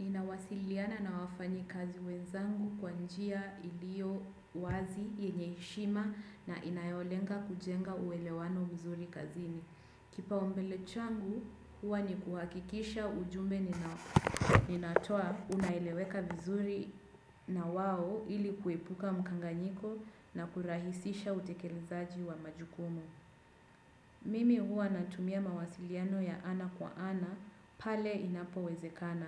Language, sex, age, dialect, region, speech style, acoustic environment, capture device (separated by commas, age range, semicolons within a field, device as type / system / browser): Swahili, female, 25-34, Kenya - Mombasa, Kenya, focused, nature; silence, desktop / Windows / Chrome